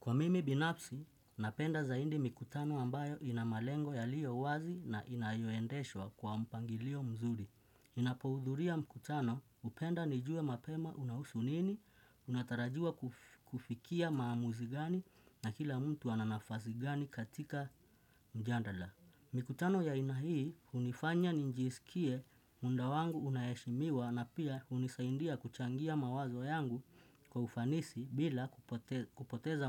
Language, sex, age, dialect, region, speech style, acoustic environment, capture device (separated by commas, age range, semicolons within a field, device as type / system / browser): Swahili, male, 25-34, Kenya - Nairobi Sheng-influenced, Kenya, happy; relaxed; focused, nature, desktop / Windows / Chrome